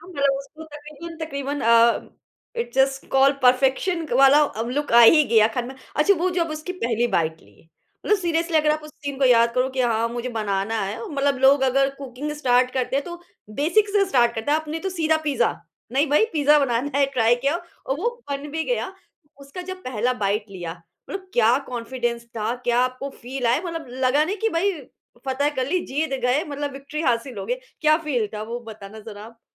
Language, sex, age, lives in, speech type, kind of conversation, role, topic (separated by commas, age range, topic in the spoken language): Hindi, female, 35-39, India, conversation, podcast, host, Kaunsi chhoti si jeet tumhe sabse zyada confidence deti hai?